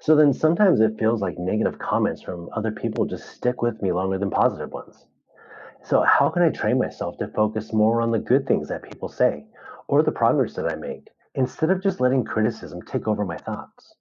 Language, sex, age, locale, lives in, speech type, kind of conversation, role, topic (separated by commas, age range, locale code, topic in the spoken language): English, male, 35-39, en-US, United States, read, expert-assistant, asker, How does having a positive attitude influence your life?